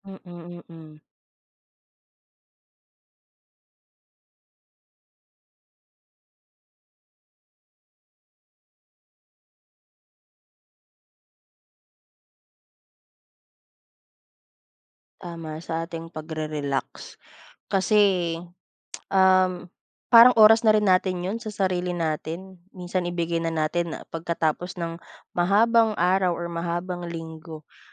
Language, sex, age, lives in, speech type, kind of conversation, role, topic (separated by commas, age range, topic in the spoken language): Filipino, female, 25-29, Philippines, conversation, unstructured, none, Sa pagitan ng musika at katahimikan, alin ang mas nakapagpapahinga para sa iyo?